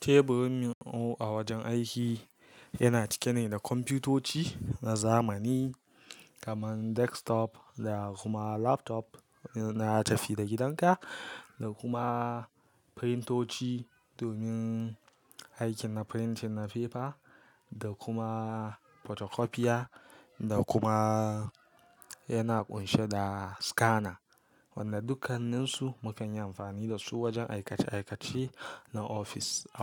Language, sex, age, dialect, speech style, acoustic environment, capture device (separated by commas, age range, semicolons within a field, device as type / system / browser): Hausa, male, 18-24, Nigeria - Kano, relaxed; focused, silence; nature, mobile / Linux / Chrome